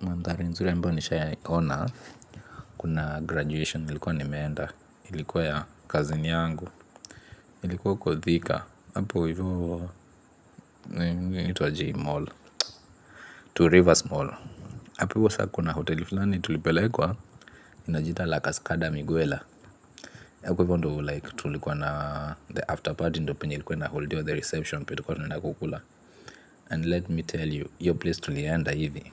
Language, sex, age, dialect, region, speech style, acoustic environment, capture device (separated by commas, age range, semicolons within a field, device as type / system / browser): Swahili, male, 25-34, Kenya - Nairobi Sheng-influenced, Kenya, relaxed; tired, appliances, mobile / Linux / Chrome